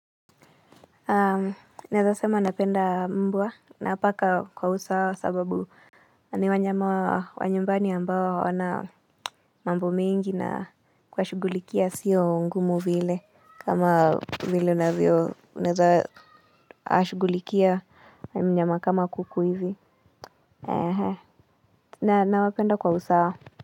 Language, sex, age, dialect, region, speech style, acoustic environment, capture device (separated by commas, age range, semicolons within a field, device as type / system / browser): Swahili, female, 25-34, Kenya - Nairobi Sheng-influenced, Kenya, relaxed, silence, mobile / Linux / Chrome